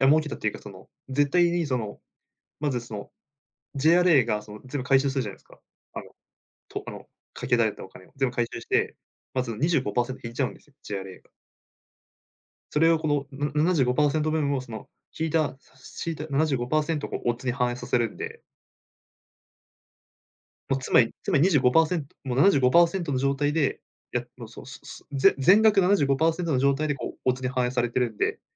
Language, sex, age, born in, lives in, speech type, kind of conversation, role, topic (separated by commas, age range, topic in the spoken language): Japanese, male, 20-24, Japan, Japan, conversation, unstructured, none, 趣味のためにお金を使いすぎるのは間違いですか？